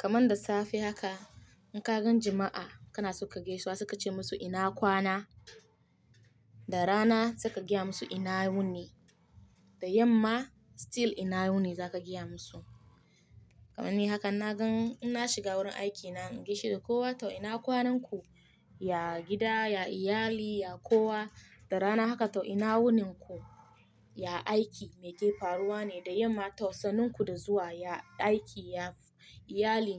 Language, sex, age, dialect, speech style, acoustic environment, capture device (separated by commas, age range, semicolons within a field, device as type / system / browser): Hausa, female, 25-34, Nigeria - Nigerian Standard, happy, silence, mobile / Linux / Chrome